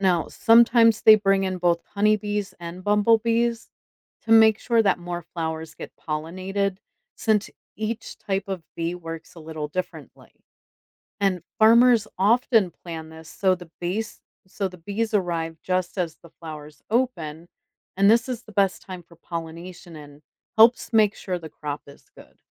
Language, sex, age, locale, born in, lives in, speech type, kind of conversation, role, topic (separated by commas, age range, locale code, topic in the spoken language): English, female, 45-49, en-US, United States, United States, read, expert-assistant, expert, How do bees help pollinate plants?